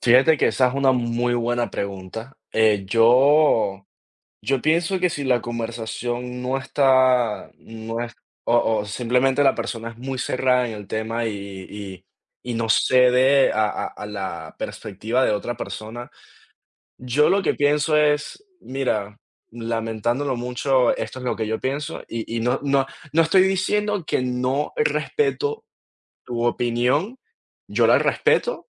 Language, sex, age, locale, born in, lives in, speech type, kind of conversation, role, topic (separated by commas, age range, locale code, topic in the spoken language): Spanish, male, 20-24, es-VE, Venezuela, United States, conversation, unstructured, none, ¿Cómo manejas una discusión cuando estás muy enojado?